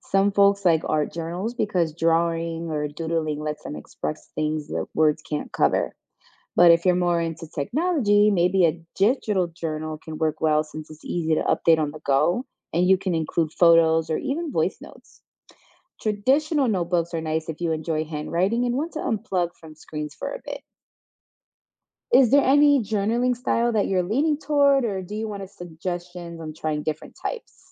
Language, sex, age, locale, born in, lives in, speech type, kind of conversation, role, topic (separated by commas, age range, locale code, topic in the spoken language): English, female, 25-29, en-US, United States, United States, read, expert-assistant, expert, What are the advantages of keeping a journal?